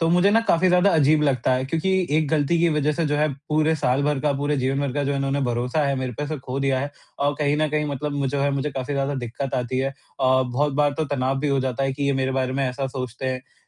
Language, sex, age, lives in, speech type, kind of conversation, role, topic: Hindi, male, 45-49, India, conversation, advice, user, भरोसा कैसे फिर से बनाएं?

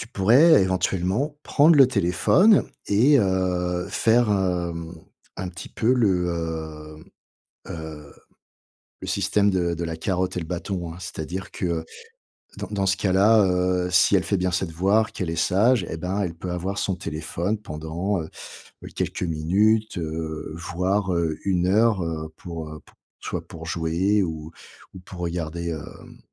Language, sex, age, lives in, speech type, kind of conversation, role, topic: French, male, 50-54, France, conversation, advice, advisor, Comment fixer des règles claires concernant l’usage des écrans sans provoquer de disputes familiales constantes ?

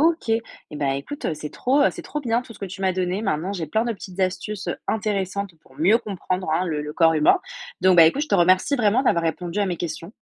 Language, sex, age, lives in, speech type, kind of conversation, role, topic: French, female, 20-24, France, read, expert-assistant, asker, Quels livres, podcasts ou vidéos conseilles-tu pour découvrir les secrets du corps humain sans jargon compliqué ?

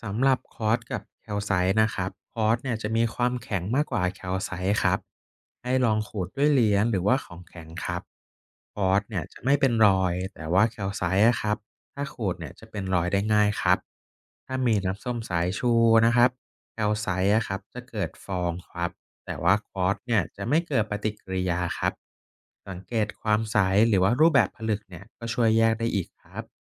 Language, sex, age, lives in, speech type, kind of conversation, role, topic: Thai, male, 30-34, Thailand, read, expert-assistant, expert, จะฝึกดูหินหรือแร่รอบตัวให้เก่งขึ้นได้อย่างไรบ้าง มีเทคนิคอะไรน่าสนใจไหม?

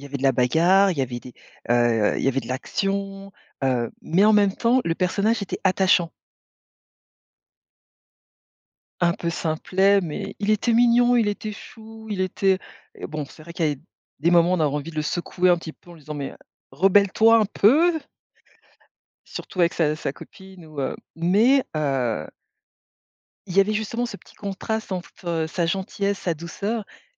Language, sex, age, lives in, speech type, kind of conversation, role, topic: French, female, 45-49, France, conversation, podcast, guest, Quel dessin animé de ton enfance te marque encore aujourd’hui ?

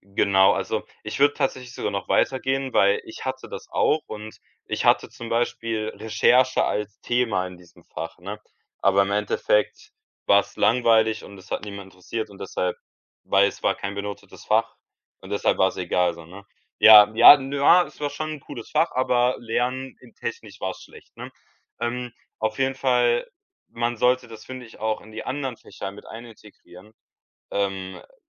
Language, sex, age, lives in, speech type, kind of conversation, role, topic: German, male, 18-19, Germany, conversation, podcast, guest, Wie geht ihr mit Bildschirmzeit und Medienkonsum bei Kindern um?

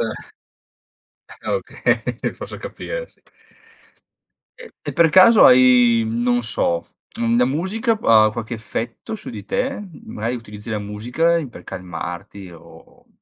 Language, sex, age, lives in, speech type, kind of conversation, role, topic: Italian, male, 30-34, Italy, conversation, podcast, host, Quali piccoli rituali ti aiutano a entrare nello stato di flusso?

